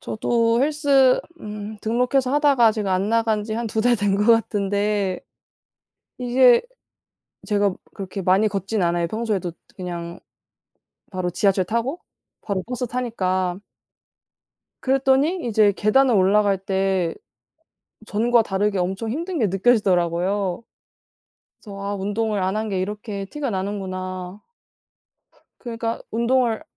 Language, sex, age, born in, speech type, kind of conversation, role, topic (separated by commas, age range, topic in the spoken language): Korean, female, 20-24, South Korea, conversation, unstructured, none, 취미로 시작했는데 힘들었던 경험이 있나요?